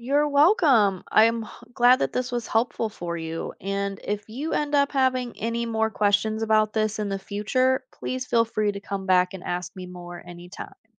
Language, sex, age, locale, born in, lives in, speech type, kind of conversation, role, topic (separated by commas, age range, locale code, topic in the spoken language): English, female, 25-29, en-US, United States, United States, read, expert-assistant, expert, How does a GPS determine your location?